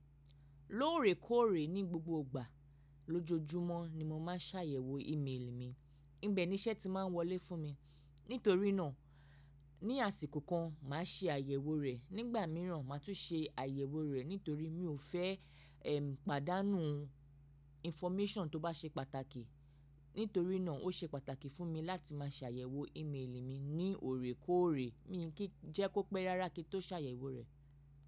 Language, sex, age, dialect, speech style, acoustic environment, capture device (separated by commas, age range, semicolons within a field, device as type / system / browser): Yoruba, female, 25-34, Nigeria - Lagos Yoruba, focused; relaxed, silence, mobile / macOS / Safari